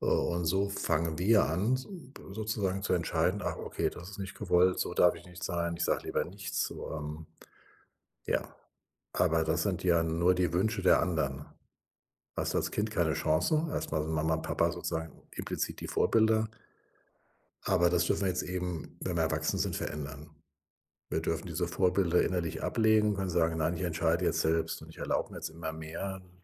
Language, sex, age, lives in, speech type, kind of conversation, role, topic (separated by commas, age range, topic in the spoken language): German, male, 60-64, Germany, conversation, advice, advisor, Wie kann ich alte Gewohnheiten ändern, um mein Selbstbild zu stärken?